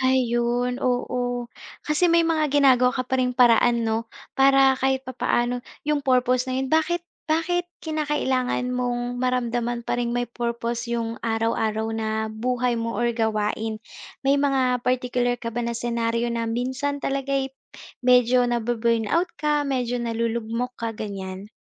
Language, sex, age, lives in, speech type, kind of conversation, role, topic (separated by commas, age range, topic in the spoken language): Filipino, female, 25-29, Philippines, conversation, podcast, host, Paano mo nililinang ang kahulugan sa iyong araw-araw na gawain?